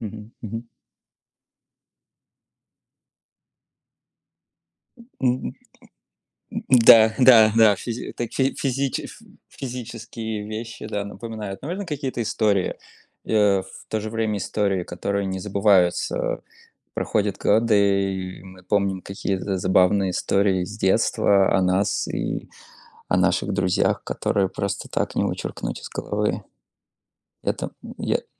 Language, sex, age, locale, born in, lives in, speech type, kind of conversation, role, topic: Russian, male, 30-34, ru-RU, Russia, United States, conversation, unstructured, none, Какие мелочи из прошлого делают тебя счастливым?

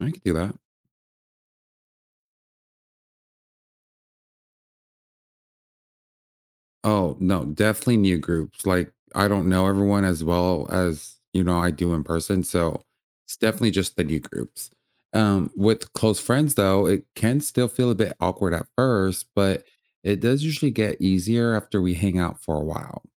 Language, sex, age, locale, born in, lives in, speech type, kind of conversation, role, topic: English, male, 30-34, en-US, United States, United States, read, expert-assistant, asker, How does social media affect our friendships?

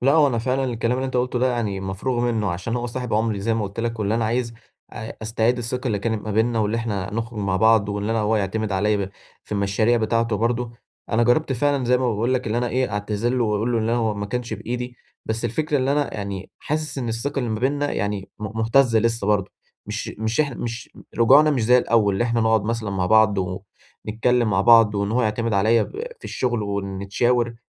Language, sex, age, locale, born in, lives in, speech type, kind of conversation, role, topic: Arabic, male, 18-19, ar-EG, Egypt, Egypt, conversation, advice, user, إزاي أرجّع ثقة حد اتأذى بسبب غلطتي، وإيه الخطوات العملية اللي أعملها؟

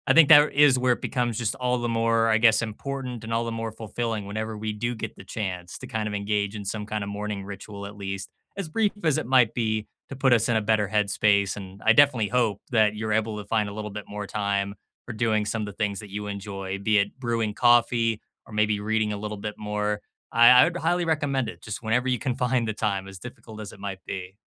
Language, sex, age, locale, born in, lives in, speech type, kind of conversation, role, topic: English, male, 25-29, en-US, United States, United States, conversation, unstructured, none, Which small morning rituals help you feel grounded, energized, and more connected to your day?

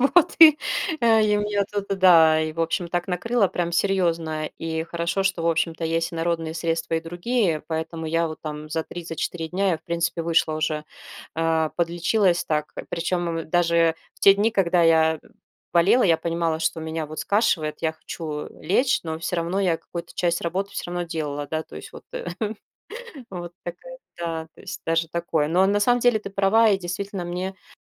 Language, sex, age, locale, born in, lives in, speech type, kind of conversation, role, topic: Russian, female, 40-44, ru-RU, Russia, Spain, conversation, advice, user, Почему мне кажется, что дни проходят одинаково и рутина лишает мою жизнь смысла?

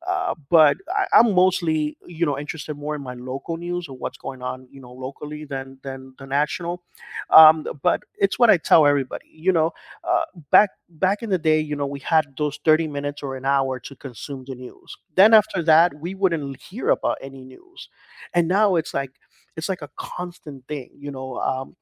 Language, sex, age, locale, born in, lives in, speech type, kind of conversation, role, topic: English, male, 45-49, en-US, United States, United States, conversation, podcast, guest, How can we stay informed about current events without letting negative news affect our well-being?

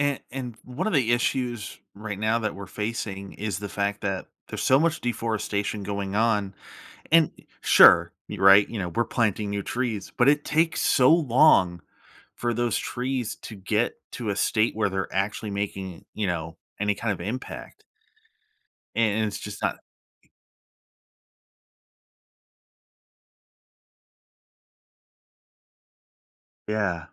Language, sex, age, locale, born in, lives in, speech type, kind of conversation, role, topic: English, male, 30-34, en-US, United States, United States, conversation, podcast, guest, Why are healthy forests essential for our planet's well-being?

